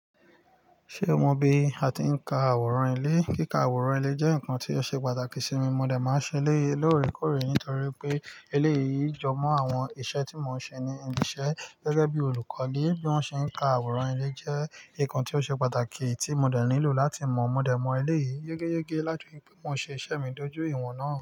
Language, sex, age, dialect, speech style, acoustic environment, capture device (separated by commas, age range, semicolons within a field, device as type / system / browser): Yoruba, male, 35-44, Nigeria - Nigerian Standard, focused, nature, mobile / Linux / Chrome